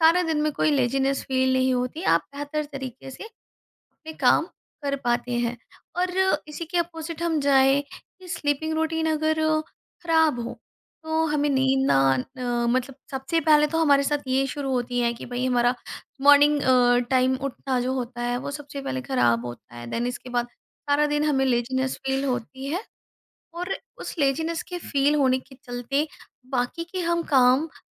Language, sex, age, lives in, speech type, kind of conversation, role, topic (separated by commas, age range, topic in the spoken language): Hindi, female, 35-39, India, conversation, podcast, guest, Sleep routine badalne se tumhe apni life mein kaunse changes mehsoos hue?